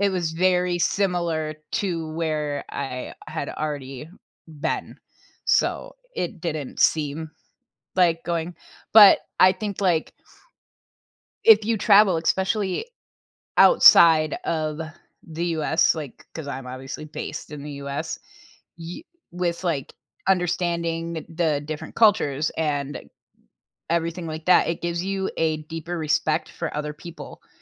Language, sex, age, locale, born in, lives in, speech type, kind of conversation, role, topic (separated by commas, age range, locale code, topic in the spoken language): English, female, 35-39, en-US, United States, United States, conversation, unstructured, none, How do you decide between exploring new places and building a life in one community?